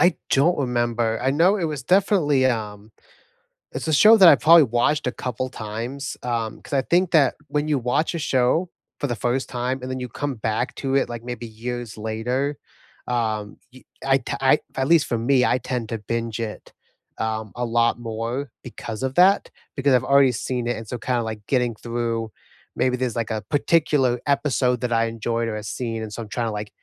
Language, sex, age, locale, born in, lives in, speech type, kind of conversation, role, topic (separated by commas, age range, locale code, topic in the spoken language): English, male, 25-29, en-US, United States, United States, conversation, unstructured, none, What was the last show you binged, and why did it hook you?